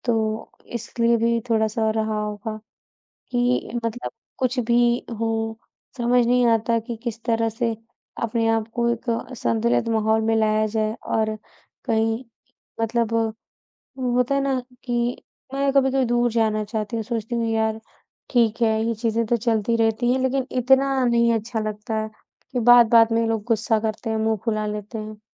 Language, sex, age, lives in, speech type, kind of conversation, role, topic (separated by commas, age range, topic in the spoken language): Hindi, female, 20-24, India, conversation, advice, user, अचानक गुस्सा आ जाने पर मैं उसे नियंत्रित कैसे कर सकता/सकती हूँ?